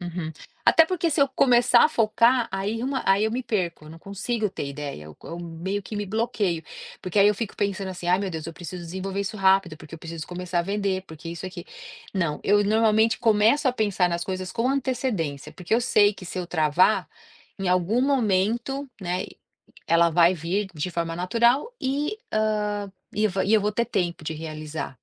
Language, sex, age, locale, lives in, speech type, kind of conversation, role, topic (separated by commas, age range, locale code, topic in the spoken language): Portuguese, female, 50-54, pt-BR, United States, conversation, podcast, guest, Que técnicas simples você usa para gerar ideias novas?